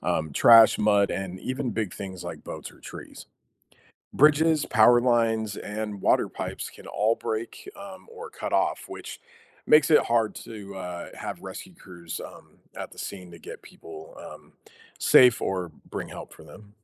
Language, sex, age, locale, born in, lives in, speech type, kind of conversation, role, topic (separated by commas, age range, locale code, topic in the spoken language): English, male, 35-39, en-US, United States, United States, read, expert-assistant, expert, How do earthquakes lead to the formation of tsunamis?